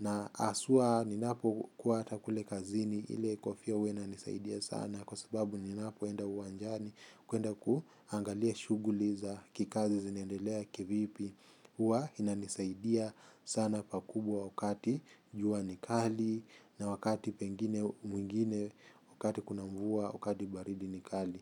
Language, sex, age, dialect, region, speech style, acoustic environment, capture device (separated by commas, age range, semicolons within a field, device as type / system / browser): Swahili, male, 25-34, Kenya - Mombasa, Kenya, happy; focused, people talking; traffic; music; construction; appliances, mobile / Linux / Chrome